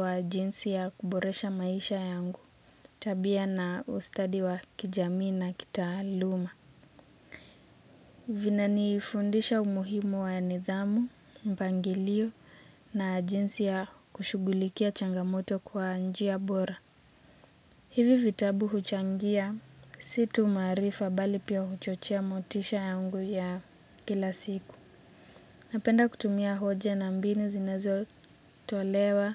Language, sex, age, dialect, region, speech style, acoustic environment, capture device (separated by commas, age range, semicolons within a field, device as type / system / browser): Swahili, female, 18-24, Kenya - Nairobi Sheng-influenced, Kenya, relaxed, nature, mobile / Linux / Chrome